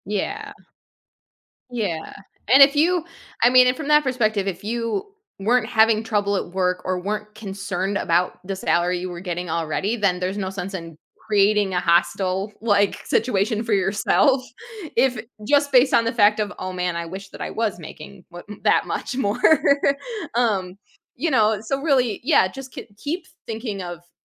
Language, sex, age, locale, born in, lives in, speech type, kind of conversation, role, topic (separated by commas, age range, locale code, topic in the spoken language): English, female, 35-39, en-US, United States, United States, conversation, advice, advisor, How do I talk to my manager about discovering a co-worker earns more than I do?